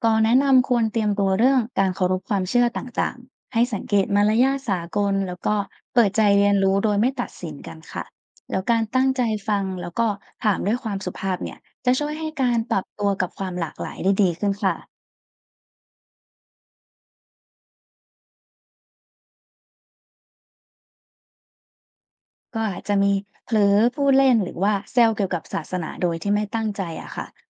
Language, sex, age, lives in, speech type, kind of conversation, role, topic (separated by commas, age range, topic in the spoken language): Thai, female, 20-24, Thailand, read, expert-assistant, expert, การมีเพื่อนต่างศาสนาในชีวิตประจำวัน มีข้อดีข้อเสียอะไรที่คนไทยควรพิจารณา?